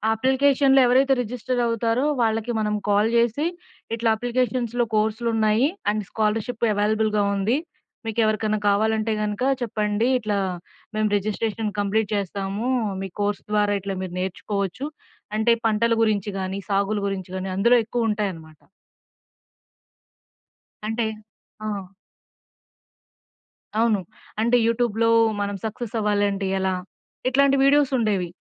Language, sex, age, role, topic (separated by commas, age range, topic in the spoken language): Telugu, female, 25-29, guest, మొదటి ఉద్యోగం గురించి నీ అనుభవం ఎలా ఉంది?